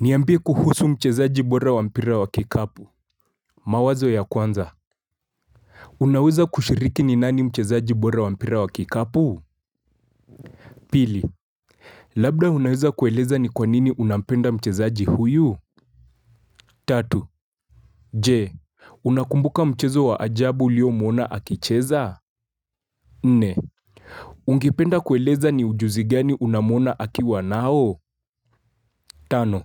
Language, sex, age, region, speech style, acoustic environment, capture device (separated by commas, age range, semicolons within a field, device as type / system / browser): Swahili, male, 25-34, Kenya, focused, silence, mobile / Linux / Chrome